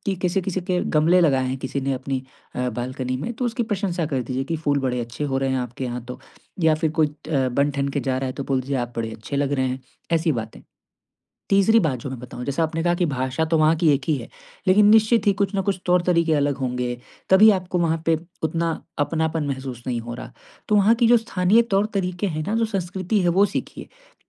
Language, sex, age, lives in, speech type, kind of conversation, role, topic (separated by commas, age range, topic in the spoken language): Hindi, male, 20-24, India, conversation, advice, advisor, मैं नए शहर में स्थानीय समुदाय से कैसे जुड़ूँ और दोस्त कैसे बनाऊँ?